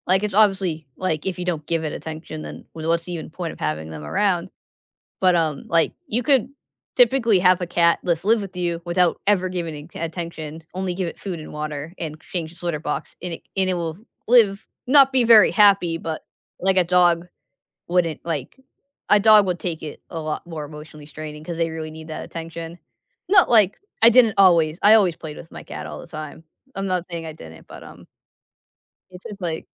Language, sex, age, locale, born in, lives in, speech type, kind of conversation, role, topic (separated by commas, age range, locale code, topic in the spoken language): English, female, 20-24, en-US, United States, United States, conversation, unstructured, none, What are some fun activities to do with your pet?